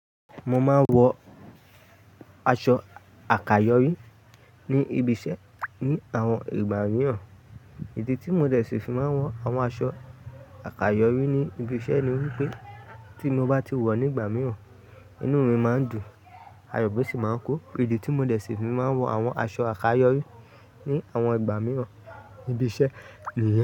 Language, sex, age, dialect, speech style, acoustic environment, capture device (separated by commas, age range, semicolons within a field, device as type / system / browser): Yoruba, male, 18-24, Nigeria - Lagos Yoruba, focused, people talking; music; appliances; construction; traffic, mobile / Linux / Chrome